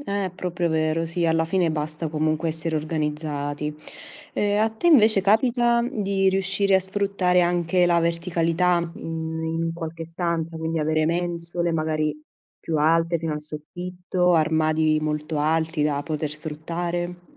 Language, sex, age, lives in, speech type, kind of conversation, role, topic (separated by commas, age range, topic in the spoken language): Italian, female, 25-29, Italy, conversation, podcast, host, Come organizzi al meglio gli spazi in una casa piccola?